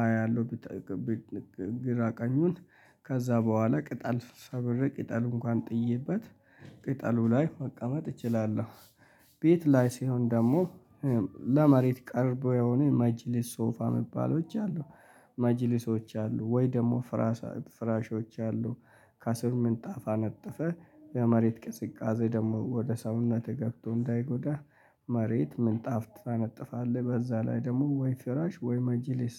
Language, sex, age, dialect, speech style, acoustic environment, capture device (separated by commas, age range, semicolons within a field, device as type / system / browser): Amharic, male, 25-34, Ethiopia - Addis Ababa, happy; relaxed; excited, nature; people talking, mobile / Linux / Chrome